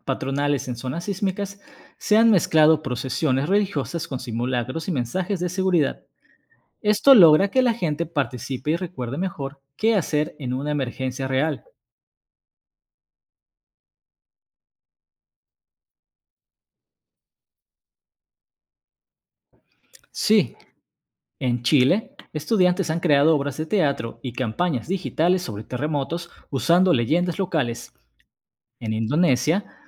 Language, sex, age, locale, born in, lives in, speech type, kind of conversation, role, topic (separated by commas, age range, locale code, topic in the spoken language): Spanish, male, 35-39, es-MX, Mexico, Mexico, read, expert-assistant, expert, ¿Cómo han influido los desastres geológicos como terremotos o volcanes en las costumbres y creencias de diferentes culturas?